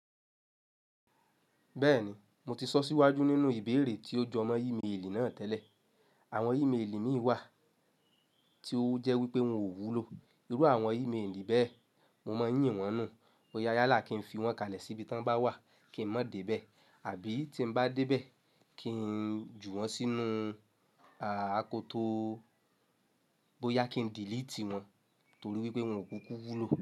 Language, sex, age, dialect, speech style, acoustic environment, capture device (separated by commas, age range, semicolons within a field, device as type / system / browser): Yoruba, male, 25-34, Nigeria - Lagos Yoruba, happy; relaxed; excited, nature; silence, mobile / Linux / Chrome